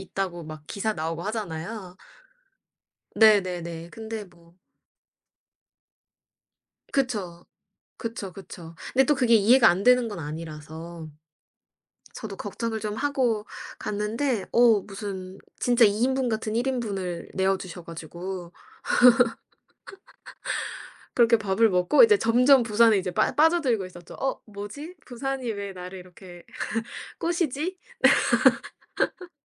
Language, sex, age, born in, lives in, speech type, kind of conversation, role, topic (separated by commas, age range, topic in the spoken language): Korean, female, 25-29, South Korea, United States, conversation, podcast, guest, 여행 중에 만난 가장 친절한 사람에 대해 이야기해 주실래요?